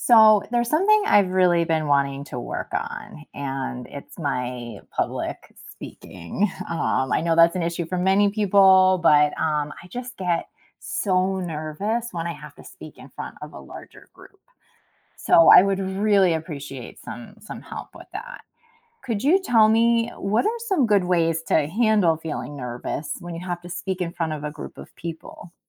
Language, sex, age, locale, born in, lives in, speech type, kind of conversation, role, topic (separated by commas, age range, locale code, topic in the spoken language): English, female, 40-44, en-US, United States, United States, read, expert-assistant, asker, How can you manage nervousness when speaking in front of a group?